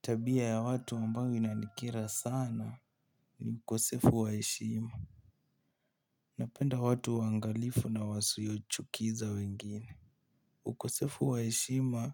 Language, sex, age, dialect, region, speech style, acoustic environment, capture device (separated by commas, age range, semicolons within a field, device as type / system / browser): Swahili, male, 18-24, Kenya - Nairobi Sheng-influenced, Kenya, excited, nature, mobile / Linux / Chrome